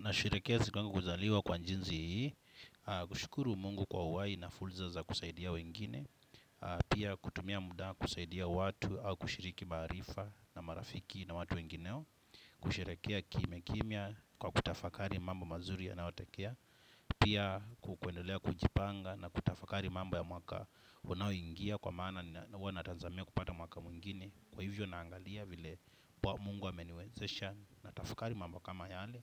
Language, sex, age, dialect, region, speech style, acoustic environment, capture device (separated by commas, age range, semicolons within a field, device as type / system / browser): Swahili, male, 25-34, Kenya - Mombasa, Kenya, relaxed; happy, silence, desktop / Windows / Chrome